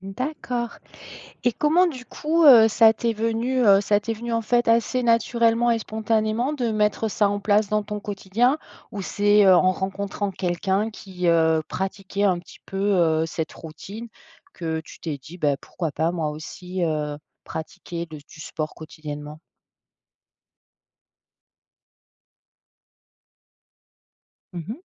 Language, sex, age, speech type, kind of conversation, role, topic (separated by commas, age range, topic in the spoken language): French, female, 45-49, conversation, podcast, host, Quelles petites habitudes te reconnectent le mieux à ton corps ?